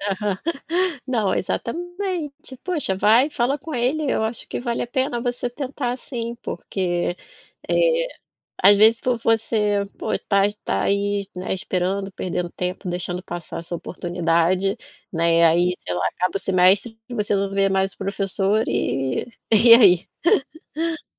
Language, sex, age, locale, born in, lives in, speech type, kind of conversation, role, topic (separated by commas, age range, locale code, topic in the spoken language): Portuguese, female, 40-44, pt-BR, Brazil, Portugal, conversation, advice, advisor, O que está causando sua falta de motivação para começar ou continuar uma rotina de exercícios?